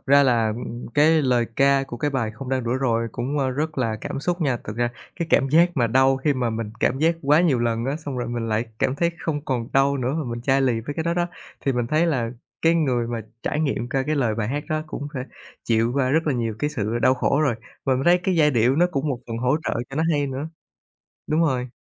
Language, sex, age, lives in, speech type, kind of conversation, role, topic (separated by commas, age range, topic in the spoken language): Vietnamese, male, 25-29, Vietnam, conversation, podcast, guest, Bạn chọn danh sách phát cho những ngày buồn như thế nào?